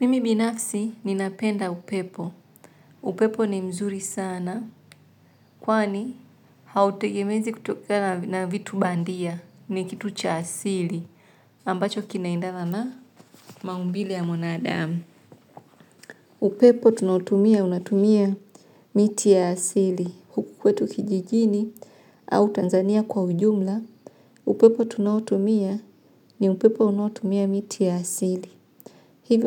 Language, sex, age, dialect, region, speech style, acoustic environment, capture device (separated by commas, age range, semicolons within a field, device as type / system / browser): Swahili, female, 25-34, Tanzania - Dar es Salaam, Tanzania, excited, nature, mobile / Linux / Chrome